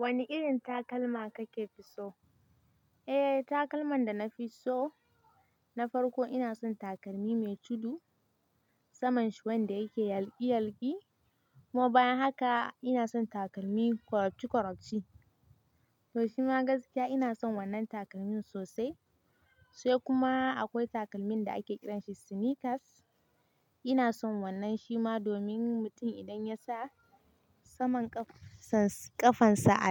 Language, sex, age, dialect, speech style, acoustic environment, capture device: Hausa, female, 18-24, Nigeria - Kano, happy, nature, mobile / Linux / Chrome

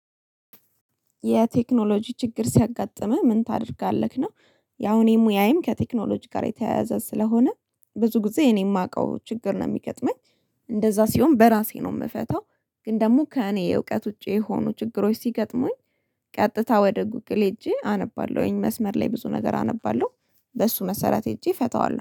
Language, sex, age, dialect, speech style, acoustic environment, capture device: Amharic, female, 25-34, Ethiopia - Addis Ababa, happy; relaxed; focused; excited, silence, mobile / Linux / Chrome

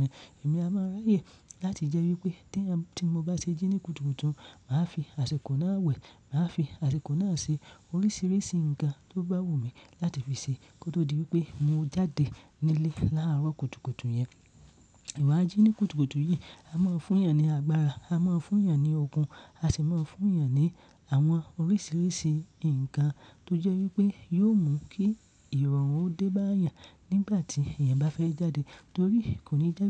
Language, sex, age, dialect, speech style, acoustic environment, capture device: Yoruba, male, 25-34, Nigeria - Ibadan, happy; focused, silence, mobile / Linux / Chrome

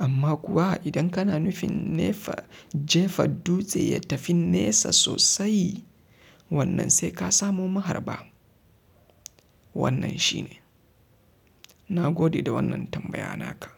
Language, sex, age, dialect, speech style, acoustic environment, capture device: Hausa, male, 25-34, Nigeria - Kano, happy; relaxed; focused, silence, mobile / Linux / Chrome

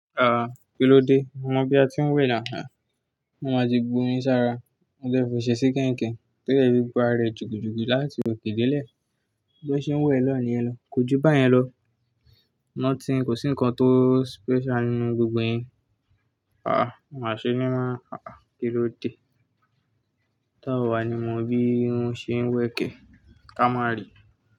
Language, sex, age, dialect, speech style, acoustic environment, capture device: Yoruba, male, 18-24, Nigeria - Ibadan, focused; relaxed, nature, desktop / Linux / Chrome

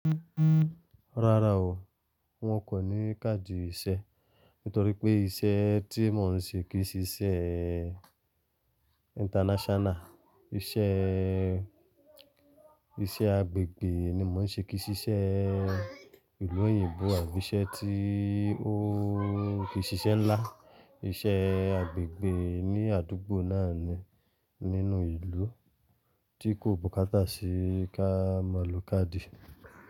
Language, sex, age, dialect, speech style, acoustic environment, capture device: Yoruba, male, 25-34, Nigeria - Lagos Yoruba, happy; relaxed, silence, mobile / Linux / Chrome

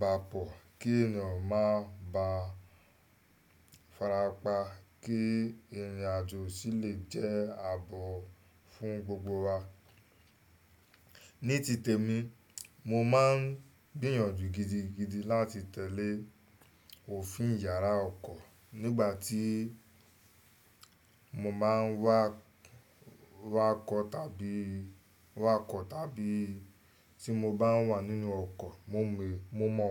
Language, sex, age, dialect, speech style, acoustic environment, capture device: Yoruba, male, 25-34, Nigeria - Lagos Yoruba, excited, nature, desktop / Windows / Chrome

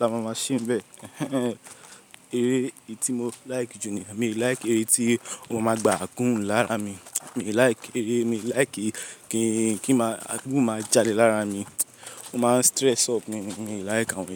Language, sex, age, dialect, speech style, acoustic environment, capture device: Yoruba, male, 18-24, Nigeria - Lagos, relaxed, people talking; appliances, mobile / Linux / Chrome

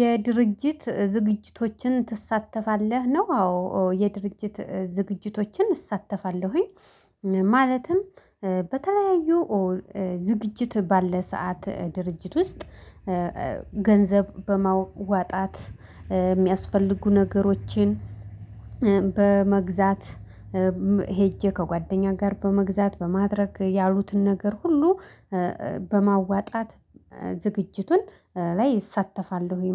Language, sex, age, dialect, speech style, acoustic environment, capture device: Amharic, female, 25-34, Ethiopia - Addis Ababa, happy; excited, silence, mobile / Linux / Chrome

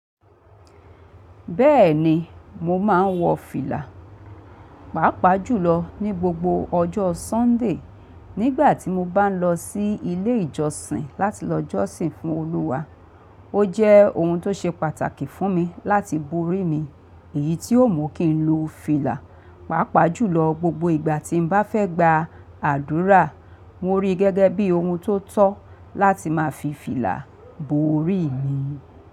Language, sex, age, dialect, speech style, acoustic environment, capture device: Yoruba, female, 45-54, Nigeria - Lagos Yoruba, relaxed, people talking; appliances; traffic, mobile / Linux / Chrome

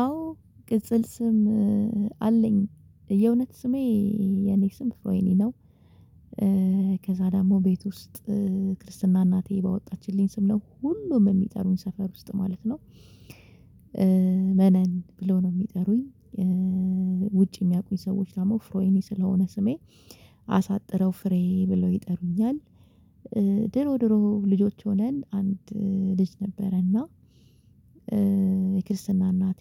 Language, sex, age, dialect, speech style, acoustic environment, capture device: Amharic, female, 25-34, Ethiopia - Addis Ababa, relaxed; focused, silence, mobile / Linux / Chrome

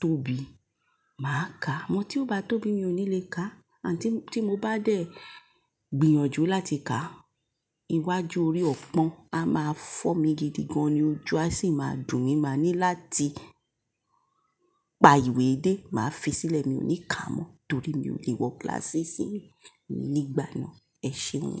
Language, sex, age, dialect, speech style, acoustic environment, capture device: Yoruba, male, 18-24, Nigeria - Lagos Yoruba, relaxed, silence, mobile / Linux / Chrome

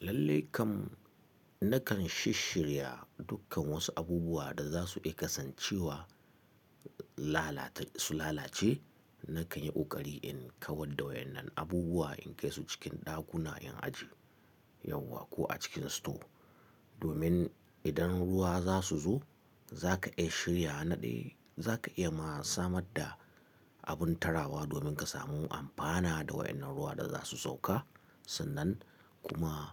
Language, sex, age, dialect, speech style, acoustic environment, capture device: Hausa, male, 35-44, Nigeria - Katsina, excited; focused; relaxed, silence, mobile / Linux / Chrome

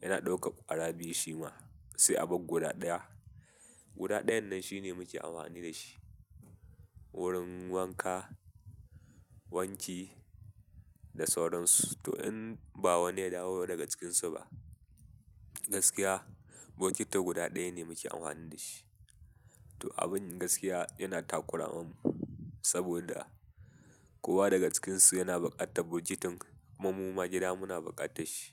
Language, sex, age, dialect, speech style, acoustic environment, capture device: Hausa, male, 18-24, Nigeria - Katsina, happy, nature, mobile / Linux / Chrome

